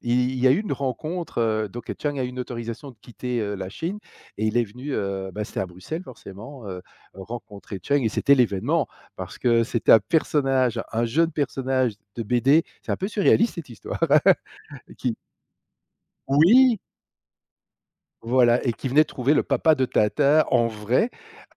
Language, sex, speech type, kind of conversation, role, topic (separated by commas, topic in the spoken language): French, male, conversation, podcast, guest, Peux-tu raconter une histoire où un inconnu t’a aidé de façon inattendue ?